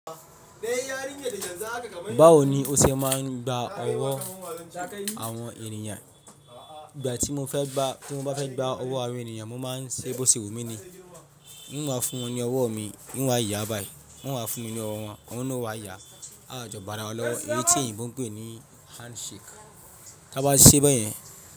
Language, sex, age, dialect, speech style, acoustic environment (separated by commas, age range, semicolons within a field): Yoruba, male, 25-34, Nigeria - Ibadan, happy; relaxed, traffic; silence